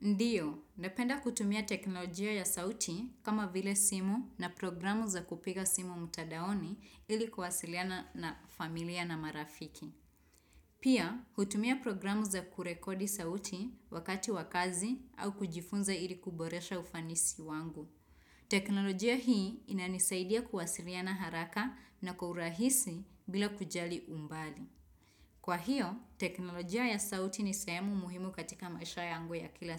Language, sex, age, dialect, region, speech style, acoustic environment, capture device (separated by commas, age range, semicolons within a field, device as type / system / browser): Swahili, female, 25-34, Kenya - Nairobi Sheng-influenced, Kenya, excited; relaxed; focused, nature, desktop / Windows / Chrome